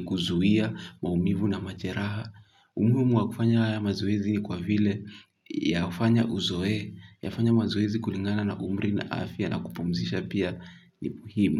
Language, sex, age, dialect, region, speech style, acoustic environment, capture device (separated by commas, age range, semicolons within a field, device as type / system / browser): Swahili, male, 18-24, Kenya - Kiambu, Kenya, happy, silence, mobile / Linux / Chrome